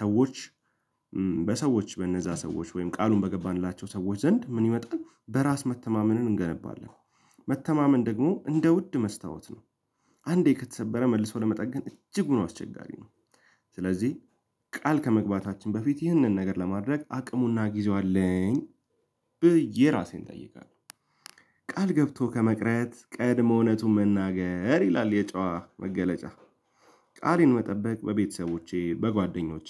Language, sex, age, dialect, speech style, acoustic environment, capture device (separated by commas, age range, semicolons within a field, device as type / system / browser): Amharic, male, 18-24, Ethiopia - Addis Ababa, happy; anxious; focused, people talking; music, mobile / Linux / Chrome